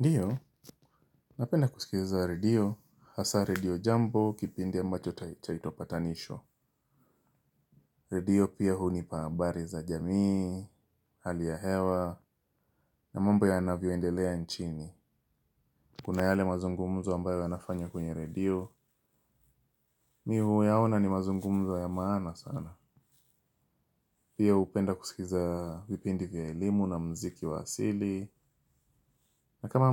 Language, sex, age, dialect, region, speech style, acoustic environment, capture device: Swahili, male, 25-34, Kenya - Mombasa, Kenya, happy; relaxed, silence, mobile / Linux / Chrome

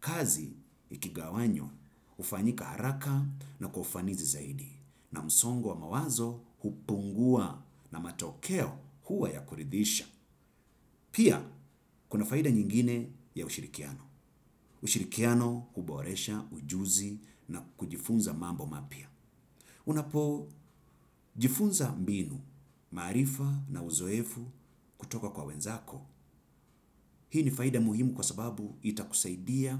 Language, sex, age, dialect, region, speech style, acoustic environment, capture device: Swahili, male, 35-44, Kenya - Mombasa, Kenya, happy, silence, desktop / Windows / Chrome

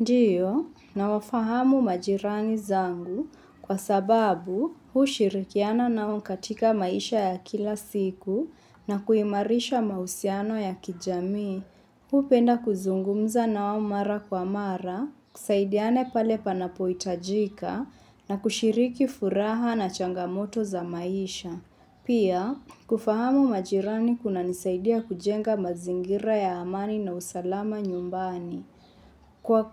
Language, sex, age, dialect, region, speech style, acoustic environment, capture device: Swahili, female, 25-34, Kenya - Mombasa, Kenya, focused; relaxed, construction; appliances; nature; silence, mobile / Linux / Chrome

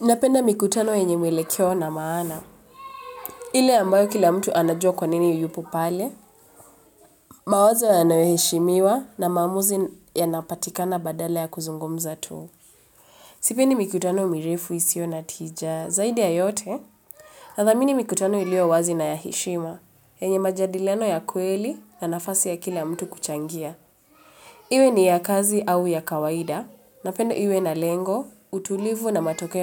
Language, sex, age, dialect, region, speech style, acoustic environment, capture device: Swahili, female, 25-34, Kenya - Nairobi Sheng-influenced, Kenya, relaxed; focused, appliances; nature, mobile / Linux / Chrome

